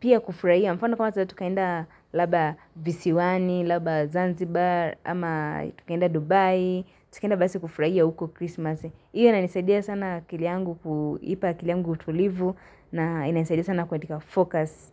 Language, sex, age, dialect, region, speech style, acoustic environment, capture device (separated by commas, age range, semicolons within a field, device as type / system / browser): Swahili, female, 18-24, Kenya - Nairobi Sheng-influenced, Kenya, happy; relaxed; excited; focused, nature; silence, mobile / Linux / Chrome